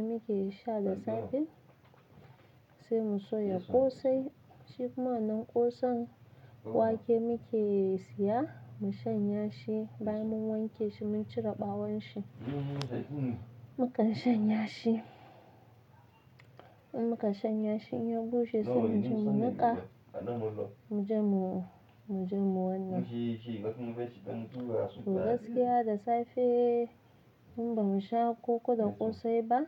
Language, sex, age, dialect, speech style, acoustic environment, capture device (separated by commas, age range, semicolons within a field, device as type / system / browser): Hausa, female, 25-34, Nigeria - Nigerian Standard, happy; excited; focused; relaxed, silence, mobile / Linux / Chrome